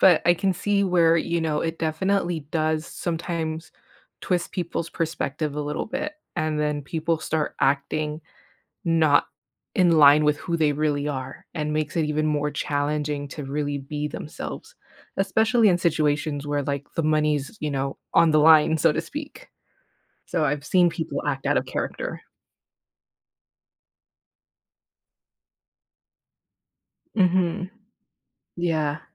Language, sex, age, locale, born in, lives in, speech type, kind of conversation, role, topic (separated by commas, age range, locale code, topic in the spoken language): English, female, 35-39, en-US, United States, United States, conversation, podcast, host, What helps you feel most authentic in your daily life?